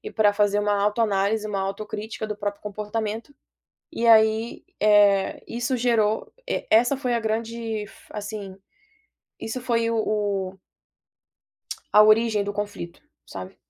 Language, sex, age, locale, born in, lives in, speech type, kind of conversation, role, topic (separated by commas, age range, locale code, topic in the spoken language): Portuguese, female, 25-29, pt-BR, Brazil, United States, conversation, podcast, guest, Como comunicar limites de forma assertiva sem parecer agressivo?